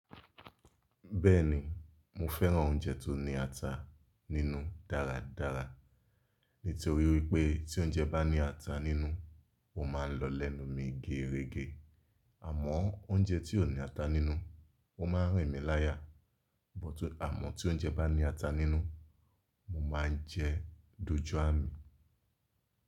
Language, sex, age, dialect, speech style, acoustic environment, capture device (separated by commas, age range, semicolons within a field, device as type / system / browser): Yoruba, male, 25-34, Nigeria - Lagos Yoruba, focused, nature, mobile / Linux / Chrome